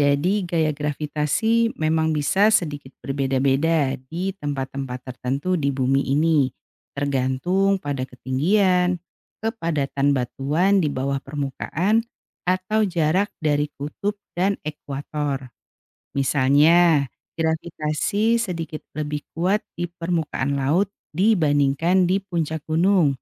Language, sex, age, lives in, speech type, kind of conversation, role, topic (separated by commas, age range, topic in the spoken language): Indonesian, female, 45-49, Indonesia, read, expert-assistant, expert, Apa yang membuat benda jatuh ke tanah saat dilepaskan?